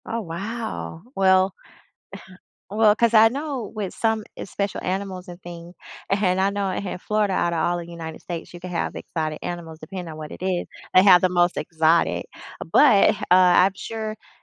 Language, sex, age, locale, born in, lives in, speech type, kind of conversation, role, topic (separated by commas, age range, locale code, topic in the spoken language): English, female, 45-49, en-US, United States, United States, conversation, unstructured, none, What is the most surprising animal encounter you have ever had?